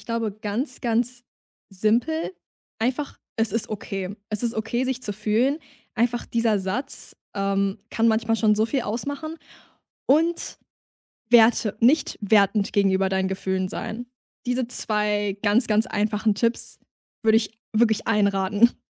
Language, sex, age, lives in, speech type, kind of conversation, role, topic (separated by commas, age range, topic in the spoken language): German, female, 20-24, Germany, conversation, podcast, guest, Was war dein größter emotionaler Lernmoment?